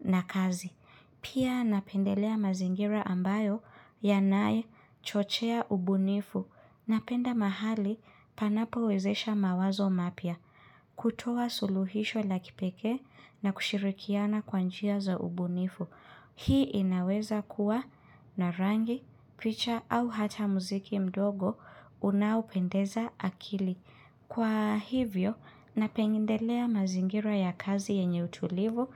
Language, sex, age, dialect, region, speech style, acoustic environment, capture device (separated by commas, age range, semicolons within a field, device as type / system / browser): Swahili, female, 25-34, Kenya - Nairobi Sheng-influenced, Kenya, focused, silence, mobile / Linux / Chrome